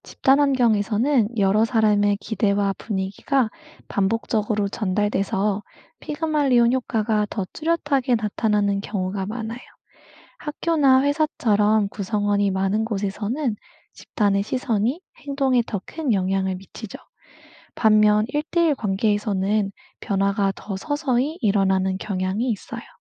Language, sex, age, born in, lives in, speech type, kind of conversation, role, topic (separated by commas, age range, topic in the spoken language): Korean, female, 25-29, South Korea, United States, read, expert-assistant, expert, 사람의 첫인상에 대한 심리학 연구 결과, 정말 믿을 만한가요?